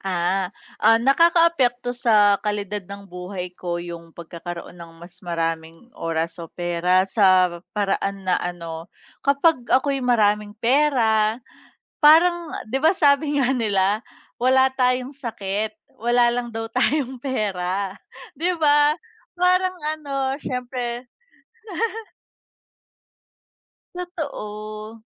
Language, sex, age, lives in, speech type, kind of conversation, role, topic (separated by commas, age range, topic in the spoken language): Filipino, female, 30-34, Philippines, conversation, unstructured, none, Mas pipiliin mo bang magkaroon ng mas maraming oras o mas maraming pera?